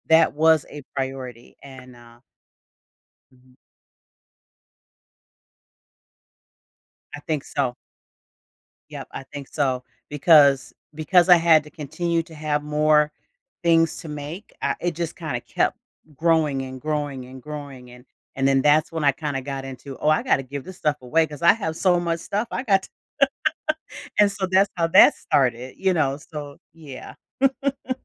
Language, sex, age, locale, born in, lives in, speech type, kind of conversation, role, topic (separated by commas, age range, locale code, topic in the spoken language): English, female, 55-59, en-US, United States, United States, conversation, podcast, guest, What helps you make room for your hobbies when life gets busy?